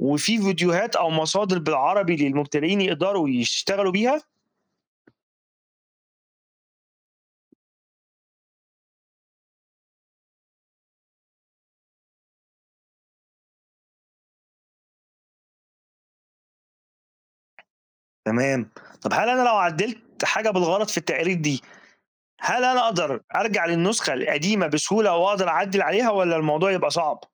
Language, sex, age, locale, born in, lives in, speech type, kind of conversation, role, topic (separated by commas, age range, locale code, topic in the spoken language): Arabic, male, 40-44, ar-EG, Egypt, Egypt, read, expert-assistant, asker, إزاي نقدر نعرف لو الأرقام والتقارير اللي بتطلع من قسم العمليات في الشركة فعلاً دقيقة ومبنية على مصادر موثوقة؟